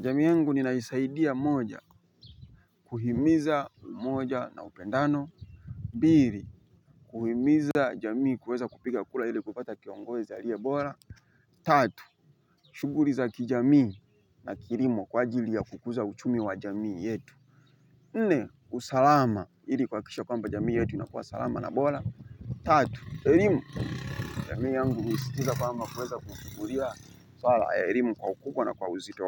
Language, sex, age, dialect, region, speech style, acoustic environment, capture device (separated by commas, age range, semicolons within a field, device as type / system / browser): Swahili, male, 25-34, Tanzania - Dar es Salaam, Tanzania, happy, people talking, mobile / Linux / Chrome